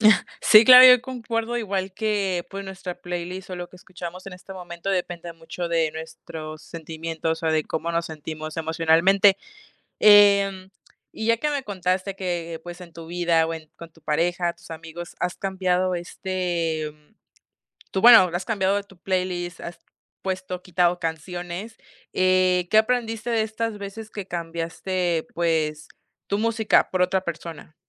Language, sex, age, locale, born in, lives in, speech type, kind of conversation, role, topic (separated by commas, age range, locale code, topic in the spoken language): Spanish, female, 20-24, es-MX, Mexico, Mexico, conversation, podcast, host, ¿Cambiaste tus playlists por amor o por amigos?